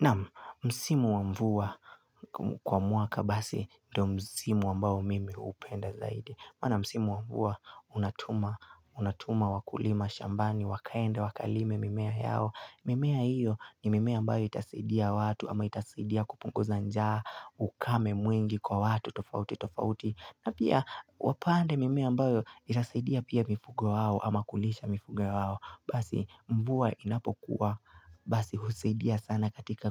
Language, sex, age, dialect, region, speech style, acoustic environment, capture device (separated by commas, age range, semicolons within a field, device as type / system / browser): Swahili, male, 18-24, Kenya - Nairobi Sheng-influenced, Kenya, relaxed; focused, music; people talking; nature, mobile / Linux / Chrome